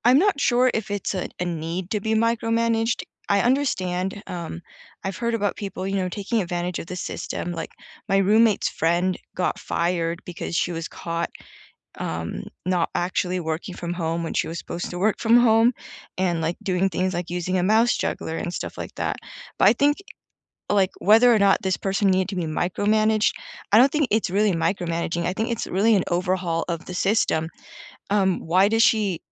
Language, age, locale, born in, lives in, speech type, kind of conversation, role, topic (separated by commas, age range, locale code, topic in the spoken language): English, 30-34, en-US, United States, United States, conversation, unstructured, none, What is your opinion of bosses who micromanage?